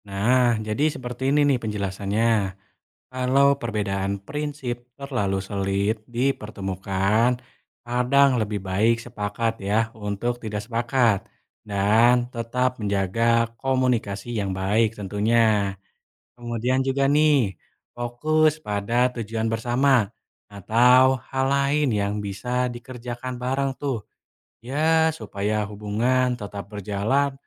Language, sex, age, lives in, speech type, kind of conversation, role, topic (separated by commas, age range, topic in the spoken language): Indonesian, male, 20-24, Indonesia, read, expert-assistant, expert, Mengapa penting menghargai perbedaan pendapat?